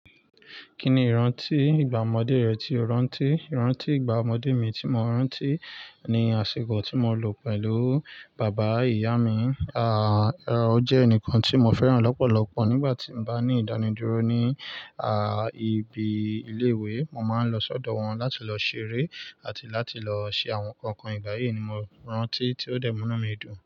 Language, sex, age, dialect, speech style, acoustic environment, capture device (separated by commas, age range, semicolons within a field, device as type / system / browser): Yoruba, male, 25-34, Nigeria - Nigerian Standard, excited, nature, mobile / Linux / Chrome